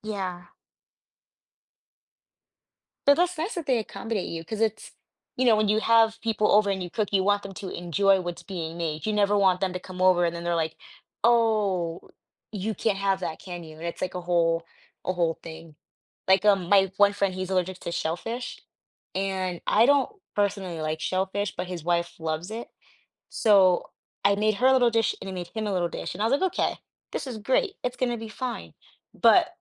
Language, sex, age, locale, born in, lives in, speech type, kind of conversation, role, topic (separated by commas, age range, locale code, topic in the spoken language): English, female, 25-29, en-US, United States, United States, conversation, podcast, host, How does sharing a homemade meal bring people together?